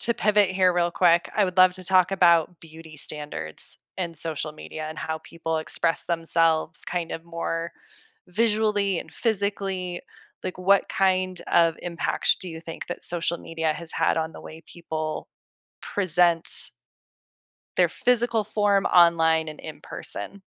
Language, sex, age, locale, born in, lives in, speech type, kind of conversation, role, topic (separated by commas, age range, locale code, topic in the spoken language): English, female, 35-39, en-US, United States, United States, conversation, podcast, host, How has social media changed the way we present ourselves and connect with others?